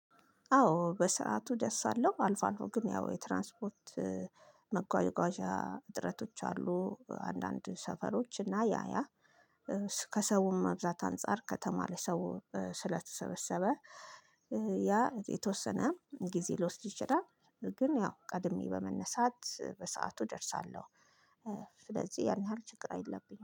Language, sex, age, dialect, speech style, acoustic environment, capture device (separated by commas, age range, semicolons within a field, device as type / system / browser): Amharic, female, 25-34, Ethiopia - Addis Ababa, excited, silence, mobile / Linux / Chrome